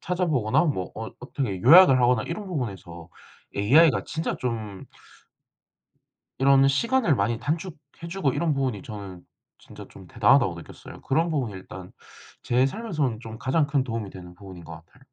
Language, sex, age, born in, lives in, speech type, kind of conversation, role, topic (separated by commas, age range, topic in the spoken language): Korean, male, 18-19, South Korea, South Korea, conversation, unstructured, none, 최근 기술 발전이 우리 삶에 주는 장점과 단점은 무엇일까요?